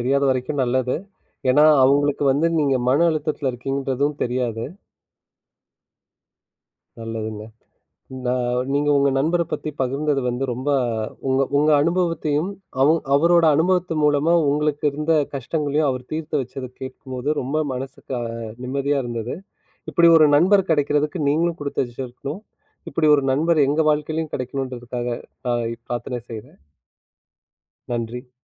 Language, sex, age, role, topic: Tamil, male, 30-34, host, நண்பர்களும் குடும்பத்தினரும் தரும் ஆதரவு மனஅழுத்தத்தை குறைக்க எவ்வளவு உதவுகிறது?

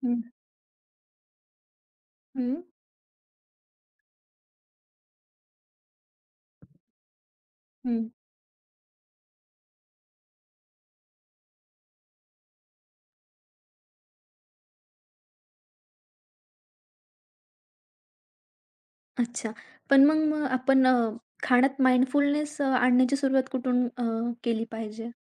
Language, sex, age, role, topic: Marathi, female, 20-24, host, खाण्यापिण्यात सजगता कशी आणावी?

